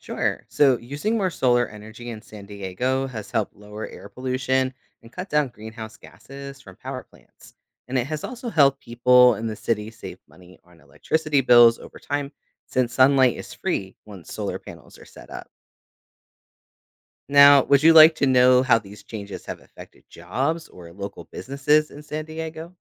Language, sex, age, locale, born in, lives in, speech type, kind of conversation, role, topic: English, male, 35-39, en-US, United States, United States, read, expert-assistant, expert, How does urbanization affect the environment?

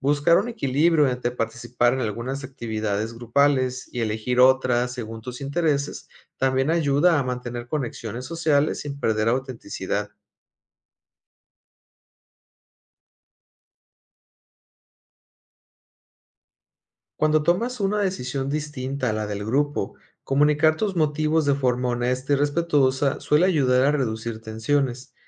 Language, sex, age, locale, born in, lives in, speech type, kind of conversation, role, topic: Spanish, male, 40-44, es-MX, Mexico, Mexico, read, expert-assistant, expert, ¿Alguna vez has sentido presión de hacer algo solo porque es lo que la mayoría espera?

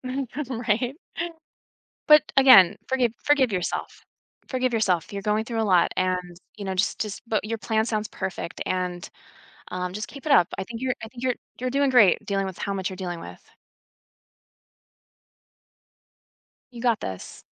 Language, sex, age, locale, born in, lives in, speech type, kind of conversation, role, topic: English, female, 40-44, en-US, United States, United States, conversation, advice, advisor, How can I calmly talk through a minor argument with my partner?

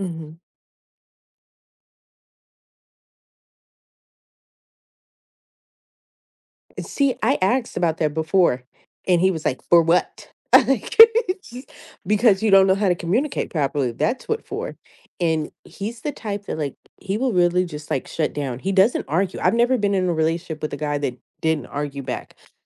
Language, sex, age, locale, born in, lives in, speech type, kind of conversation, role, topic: English, female, 35-39, en-US, United States, United States, conversation, advice, user, How should I handle unexpectedly running into my ex?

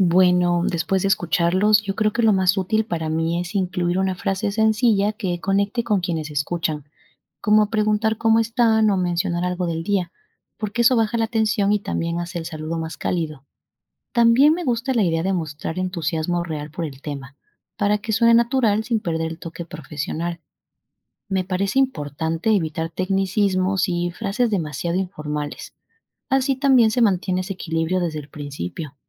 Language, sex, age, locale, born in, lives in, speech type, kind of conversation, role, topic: Spanish, female, 25-29, es-EC, Ecuador, Spain, read, expert-assistant, asker, ¿Cómo puedo sentirme más segura y natural al hablar en la radio?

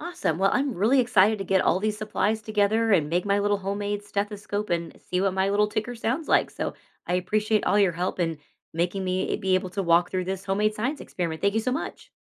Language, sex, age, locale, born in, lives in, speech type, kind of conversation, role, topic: English, female, 40-44, en-US, United States, United States, read, expert-assistant, asker, How can a homemade stethoscope be made?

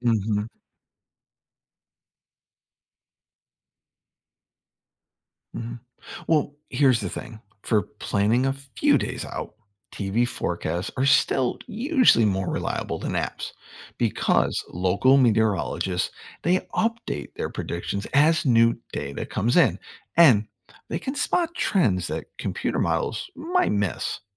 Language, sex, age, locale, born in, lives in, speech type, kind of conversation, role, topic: English, male, 50-54, en-US, United States, United States, read, expert-assistant, expert, Are weather apps or TV forecasts more reliable?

